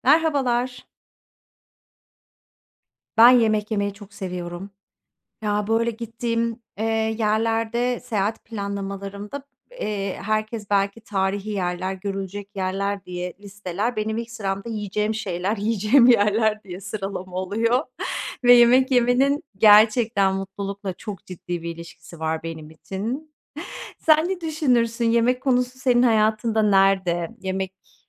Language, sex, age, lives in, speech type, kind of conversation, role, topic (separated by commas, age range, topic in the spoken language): Turkish, female, 40-44, Netherlands, conversation, unstructured, none, Sence yemek paylaşmak neden önemlidir?